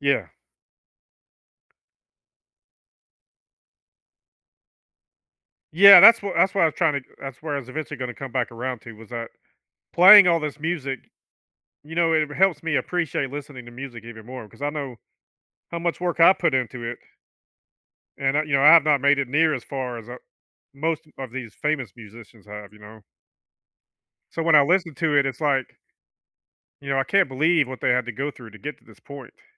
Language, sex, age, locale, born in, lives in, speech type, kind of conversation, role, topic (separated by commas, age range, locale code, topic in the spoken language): English, male, 50-54, en-US, United States, United States, conversation, podcast, guest, In what ways does music shape your everyday experiences and emotions?